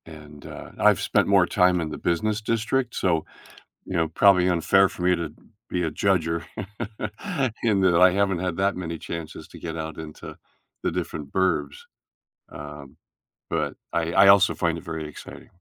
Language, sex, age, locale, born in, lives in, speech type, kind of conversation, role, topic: English, male, 70-74, en-US, Canada, United States, conversation, podcast, host, How does experiencing new places change your understanding of other cultures?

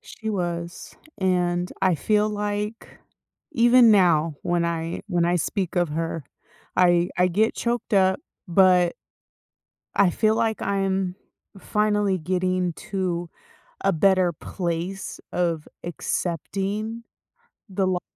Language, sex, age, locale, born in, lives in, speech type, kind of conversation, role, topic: English, female, 30-34, en-US, United States, United States, conversation, advice, user, How can I find hope and start moving forward after years of grieving?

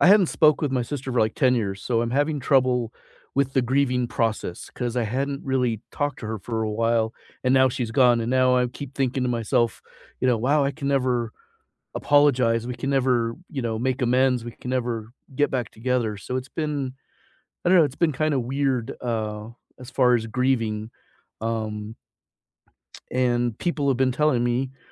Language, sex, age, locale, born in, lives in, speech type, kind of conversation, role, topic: English, male, 55-59, en-US, United States, United States, conversation, unstructured, none, What’s one thing people say about death that bothers you?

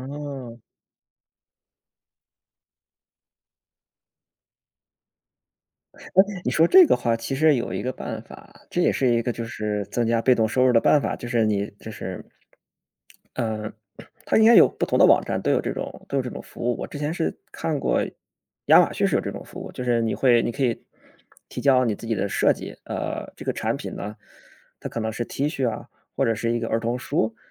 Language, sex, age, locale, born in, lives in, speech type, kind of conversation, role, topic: Chinese, male, 30-34, zh-CN, China, Germany, conversation, unstructured, none, 你喜欢自己动手做手工吗？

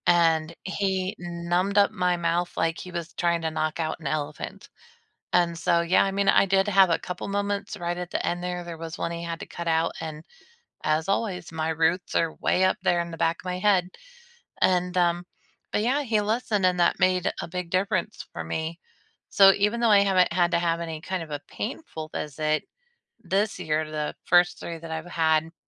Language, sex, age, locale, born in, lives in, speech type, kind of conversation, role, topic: English, female, 40-44, en-US, United States, United States, conversation, unstructured, none, When was a recent moment in everyday life when you felt brave, and what helped you do it?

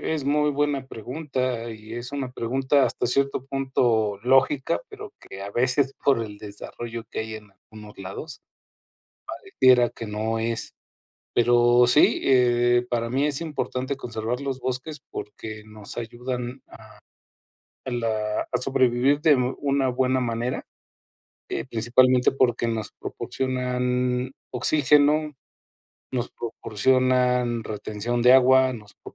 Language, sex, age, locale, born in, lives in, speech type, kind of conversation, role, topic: Spanish, male, 50-54, es-MX, Mexico, Mexico, conversation, unstructured, none, ¿Por qué es importante conservar los bosques?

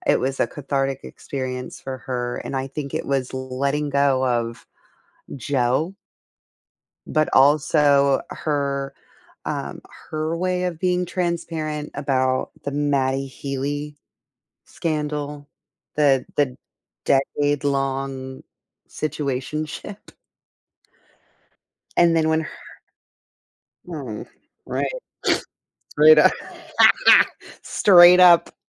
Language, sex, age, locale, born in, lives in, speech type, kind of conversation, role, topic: English, female, 35-39, en-US, United States, United States, conversation, unstructured, none, What soundtracks make your everyday moments feel cinematic?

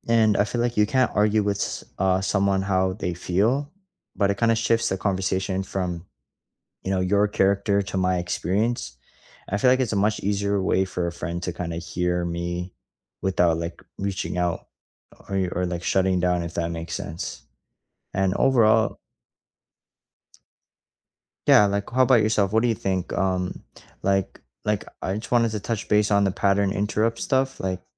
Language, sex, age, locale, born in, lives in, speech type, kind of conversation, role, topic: English, male, 20-24, en-CA, Canada, Canada, conversation, unstructured, none, What helps you navigate disagreements with friends in ways that protect and even strengthen your bond?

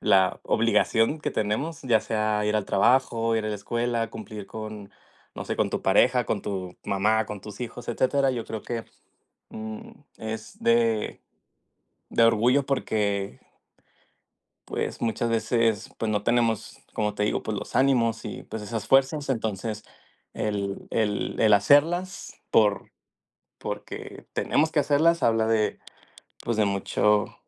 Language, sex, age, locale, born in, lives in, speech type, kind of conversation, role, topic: Spanish, male, 20-24, es-MX, Mexico, Mexico, conversation, unstructured, none, ¿Qué te hace sentir orgulloso/a de ti mismo/a?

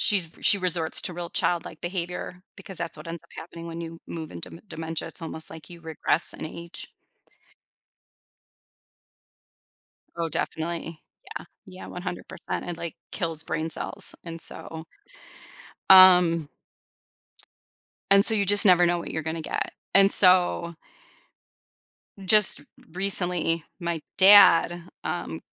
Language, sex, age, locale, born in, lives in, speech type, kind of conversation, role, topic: English, female, 45-49, en-US, United States, United States, conversation, advice, user, How can I rebuild trust after a fight?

